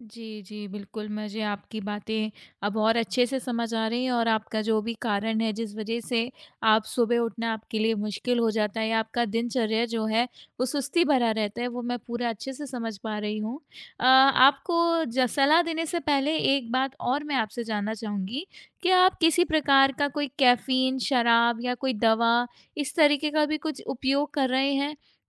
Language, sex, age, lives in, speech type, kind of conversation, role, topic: Hindi, female, 25-29, India, conversation, advice, advisor, सुबह उठना मुश्किल और दिन भर सुस्ती बनी रहना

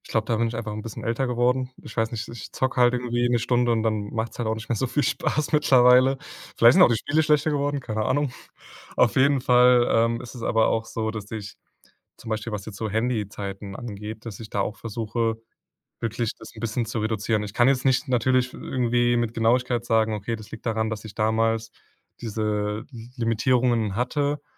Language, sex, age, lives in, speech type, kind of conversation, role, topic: German, male, 20-24, Germany, conversation, podcast, guest, Wie sprecht ihr zu Hause über Handy- und Bildschirmzeit?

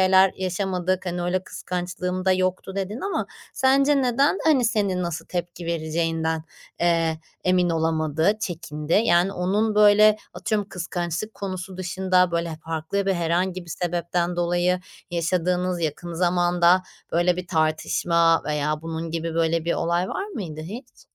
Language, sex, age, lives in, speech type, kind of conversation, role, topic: Turkish, female, 30-34, Netherlands, conversation, advice, advisor, Sevgilimle yaşadığımız kıskançlık ve şüphe kaynaklı güven sorunlarını nasıl aşabiliriz?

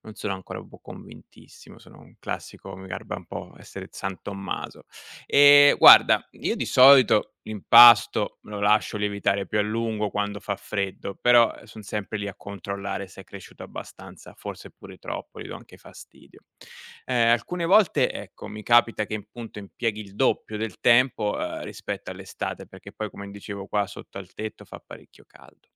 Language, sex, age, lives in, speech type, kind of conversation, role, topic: Italian, male, 40-44, Italy, read, expert-assistant, asker, Perché il pane lievita?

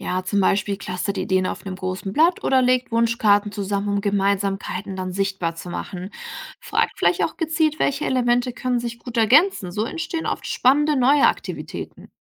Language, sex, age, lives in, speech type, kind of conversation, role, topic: German, female, 65-69, Germany, read, expert-assistant, expert, Wie finde ich einen Kompromiss, wenn meine Reisegruppe unterschiedliche Vorstellungen vom Urlaub hat?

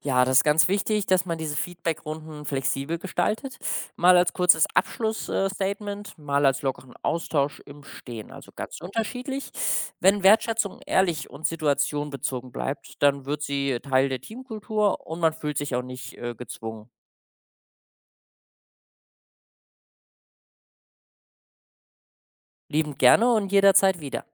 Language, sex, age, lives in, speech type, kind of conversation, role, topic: German, male, 25-29, Germany, read, expert-assistant, expert, Wie gehe ich damit um, wenn politische Diskussionen im Alltag schnell hitzig werden?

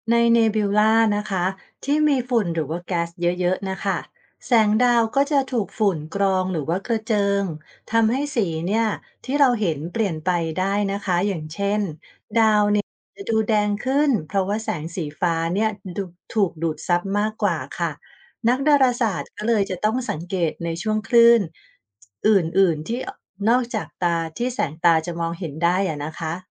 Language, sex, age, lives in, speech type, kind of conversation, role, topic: Thai, female, 45-49, Thailand, read, expert-assistant, expert, ทำไมบางครั้งเราถึงเห็นดาวสีต่างกัน เช่น สีฟ้า สีแดง หรือสีเหลือง? สีของดาวบอกอะไรเราได้บ้าง?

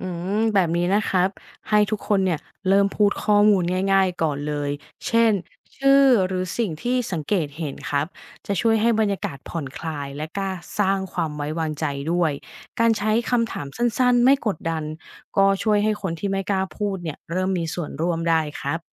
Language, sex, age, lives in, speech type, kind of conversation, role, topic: Thai, female, 35-39, Thailand, read, expert-assistant, expert, การสื่อสารในสถานการณ์ฉุกเฉินสำคัญอย่างไร และเราจะฝึกฝนทักษะนี้ได้อย่างไรบ้าง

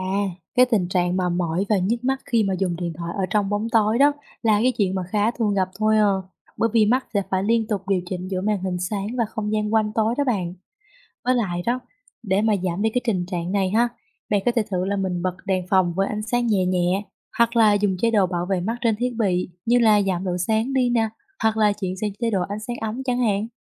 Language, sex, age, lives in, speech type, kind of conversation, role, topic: Vietnamese, female, 20-24, Vietnam, read, expert-assistant, expert, Vì sao khi trời tối, chúng ta không nhìn thấy rõ mọi vật?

